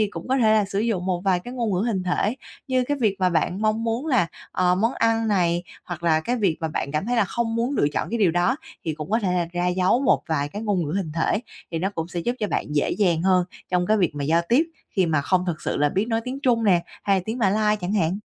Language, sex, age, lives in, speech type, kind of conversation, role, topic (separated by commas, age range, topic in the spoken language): Vietnamese, female, 25-29, Vietnam, conversation, advice, advisor, Làm sao ứng phó khi gặp rắc rối trong chuyến du lịch?